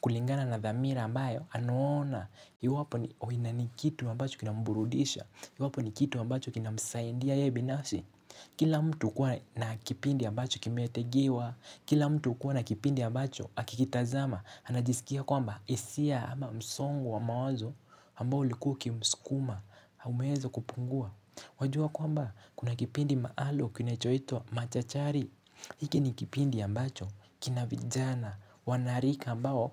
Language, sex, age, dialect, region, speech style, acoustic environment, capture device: Swahili, male, 18-24, Kenya - Nairobi Sheng-influenced, Kenya, relaxed; focused; excited, silence; nature, mobile / Linux / Chrome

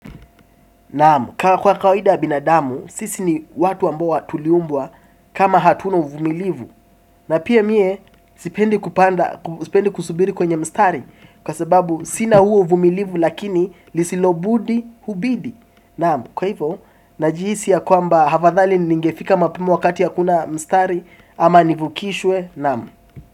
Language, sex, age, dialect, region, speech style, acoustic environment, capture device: Swahili, male, 18-24, Kenya - Mombasa, Kenya, relaxed, appliances, desktop / Windows / Chrome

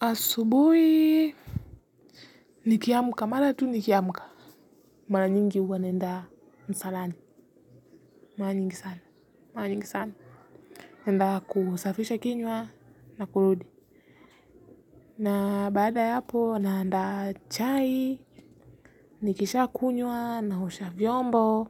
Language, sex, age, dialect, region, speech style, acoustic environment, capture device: Swahili, female, 18-24, Kenya - Nairobi Sheng-influenced, Kenya, focused, nature, mobile / Linux / Chrome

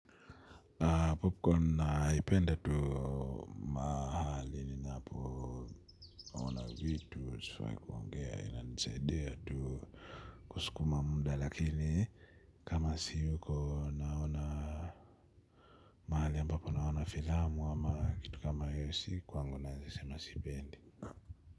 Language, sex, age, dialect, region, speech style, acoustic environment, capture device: Swahili, male, 25-34, Kenya - Nairobi Sheng-influenced, Kenya, relaxed, nature, mobile / Linux / Chrome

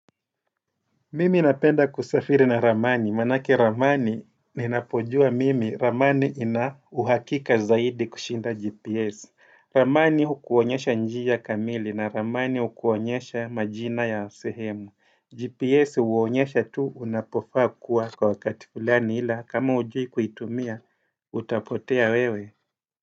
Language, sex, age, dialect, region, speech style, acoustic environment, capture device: Swahili, male, 35-44, Kenya - Mombasa, Kenya, happy; relaxed; focused, silence; nature, desktop / Windows / Edge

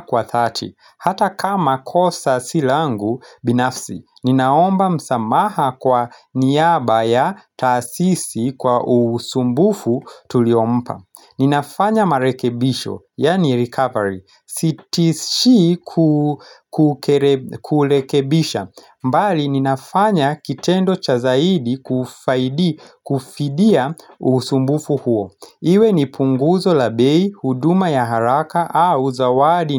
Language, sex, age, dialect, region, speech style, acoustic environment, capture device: Swahili, male, 25-34, Kenya - Nairobi Sheng-influenced, Kenya, relaxed, nature, mobile / Linux / Chrome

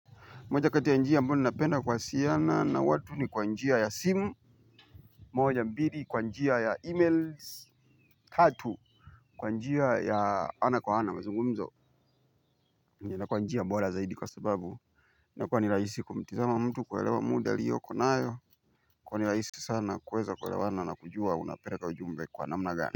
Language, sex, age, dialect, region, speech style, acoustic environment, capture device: Swahili, male, 25-34, Tanzania - Dar es Salaam, Tanzania, happy, nature; people talking, mobile / Linux / Chrome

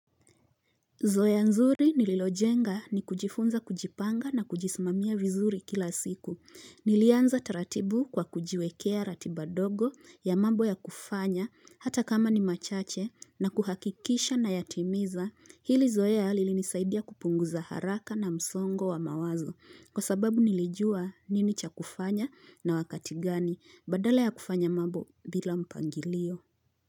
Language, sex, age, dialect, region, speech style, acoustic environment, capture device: Swahili, female, 25-34, Kenya - Nairobi Sheng-influenced, Kenya, relaxed, appliances, mobile / Linux / Chrome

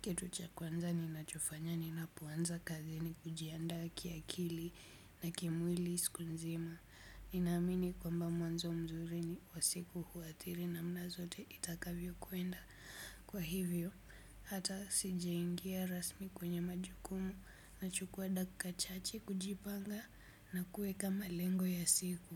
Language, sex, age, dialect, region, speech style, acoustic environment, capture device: Swahili, female, 18-24, Kenya - Nairobi Sheng-influenced, Kenya, relaxed, silence, desktop / Windows / Chrome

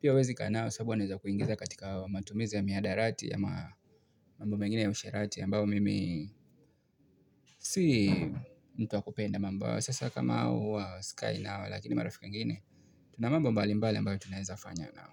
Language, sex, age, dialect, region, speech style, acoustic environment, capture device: Swahili, male, 25-34, Kenya - Mombasa, Kenya, stressed; focused, nature; appliances; construction, mobile / Linux / Chrome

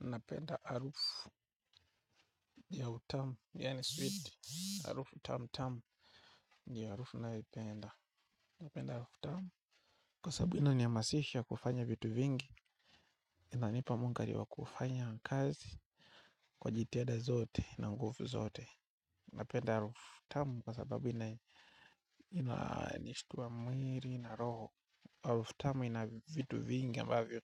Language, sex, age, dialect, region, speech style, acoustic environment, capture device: Swahili, male, 25-34, Tanzania - Dar es Salaam, Tanzania, focused, silence; nature, mobile / Linux / Chrome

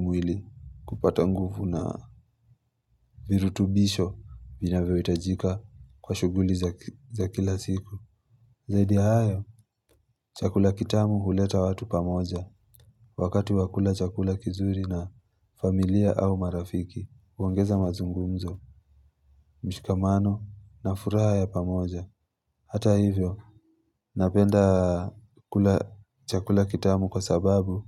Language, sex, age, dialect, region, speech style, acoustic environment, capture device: Swahili, male, 25-34, Kenya - Mombasa, Kenya, relaxed; focused, silence; nature, mobile / Linux / Chrome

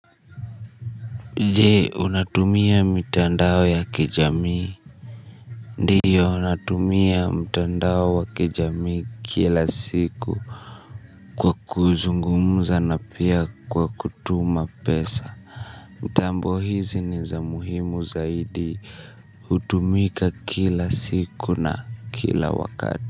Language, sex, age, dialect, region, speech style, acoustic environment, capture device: Swahili, male, 25-34, Kenya - Nairobi Sheng-influenced, Kenya, nervous; stressed; tired, people talking, mobile / Linux / Chrome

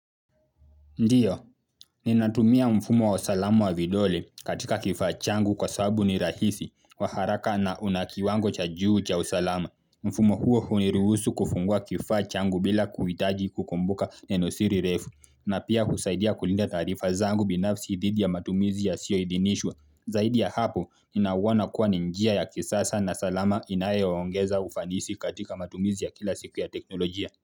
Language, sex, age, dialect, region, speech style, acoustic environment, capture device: Swahili, male, 18-24, Kenya - Mombasa, Kenya, focused, silence, mobile / Linux / Chrome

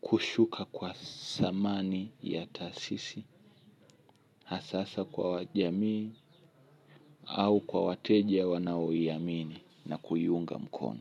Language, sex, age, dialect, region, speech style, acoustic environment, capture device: Swahili, male, 25-34, Tanzania - Dar es Salaam, Tanzania, happy, music; nature, mobile / Linux / Chrome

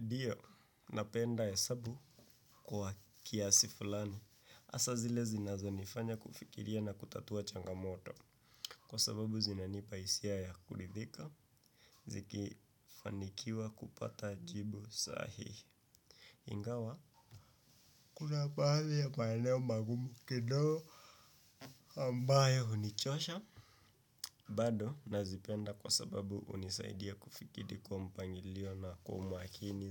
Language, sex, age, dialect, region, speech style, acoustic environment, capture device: Swahili, male, 25-34, Kenya - Nairobi Sheng-influenced, Kenya, focused, silence, desktop / Windows / Chrome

